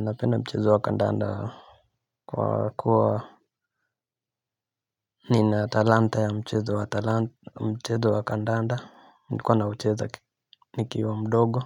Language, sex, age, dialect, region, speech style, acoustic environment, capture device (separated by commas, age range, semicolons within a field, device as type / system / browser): Swahili, male, 25-34, Kenya - Nairobi Sheng-influenced, Kenya, relaxed, silence, mobile / Linux / Chrome